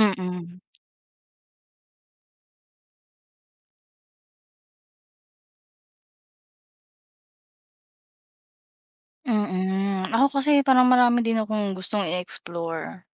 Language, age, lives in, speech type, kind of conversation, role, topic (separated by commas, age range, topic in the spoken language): Filipino, 20-24, Philippines, conversation, unstructured, none, Ano ang mga naging karanasan mo sa pagharap sa pagkawala ng sigla sa isang libangan?